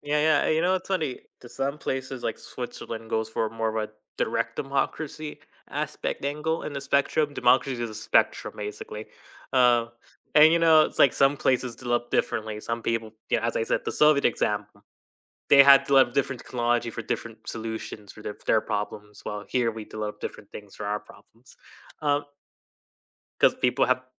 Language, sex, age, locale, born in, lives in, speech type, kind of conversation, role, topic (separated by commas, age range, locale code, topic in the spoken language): English, male, 20-24, en-US, United States, United States, conversation, podcast, guest, In what ways have inventions changed our everyday lives and society?